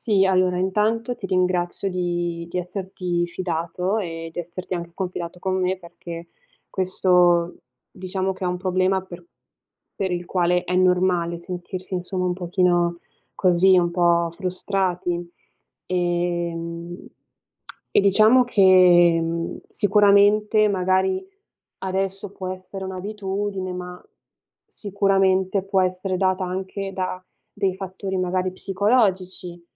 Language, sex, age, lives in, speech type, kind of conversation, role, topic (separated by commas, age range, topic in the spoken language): Italian, female, 20-24, Italy, conversation, advice, advisor, Come posso gestire la voglia di fare uno spuntino notturno che mi sveglia e interrompe il sonno?